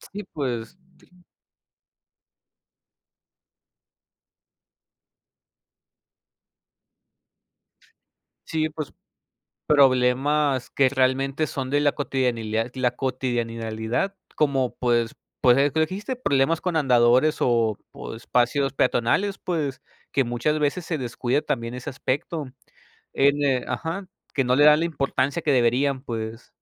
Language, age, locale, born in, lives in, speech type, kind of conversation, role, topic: Spanish, 25-29, es-MX, Mexico, Mexico, conversation, unstructured, none, ¿Crees que es importante que los jóvenes participen en la política?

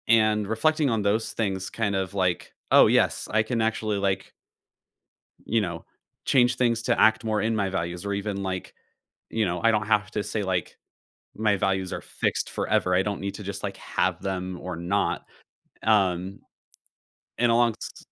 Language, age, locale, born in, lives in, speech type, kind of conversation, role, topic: English, 20-24, en-US, United States, United States, conversation, podcast, guest, What experiences and influences shape who we become over time?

